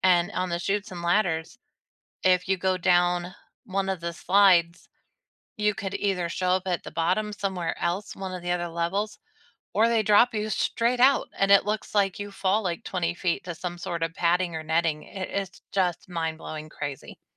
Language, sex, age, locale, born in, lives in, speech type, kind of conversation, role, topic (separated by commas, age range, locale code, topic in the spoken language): English, female, 40-44, en-US, United States, United States, conversation, unstructured, none, What reality TV moments did you secretly love or find hard to believe?